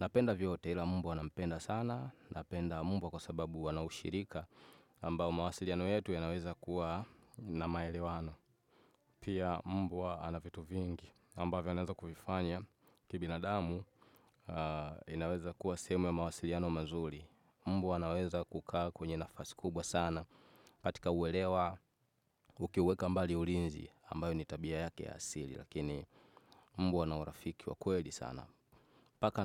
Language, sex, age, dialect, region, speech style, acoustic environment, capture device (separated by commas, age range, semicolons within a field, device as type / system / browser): Swahili, male, 25-34, Tanzania - Dar es Salaam, Tanzania, focused, nature, desktop / Windows / Chrome